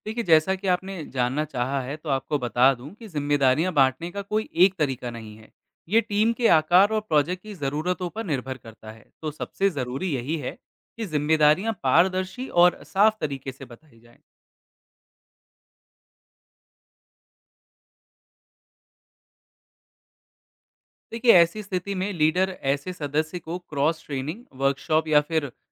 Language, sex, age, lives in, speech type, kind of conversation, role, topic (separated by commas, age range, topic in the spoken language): Hindi, male, 25-29, India, read, expert-assistant, expert, क्या 'प्रबंधन' सिर्फ बॉस का काम है, या हर किसी का इससे कोई न कोई वास्ता होता है?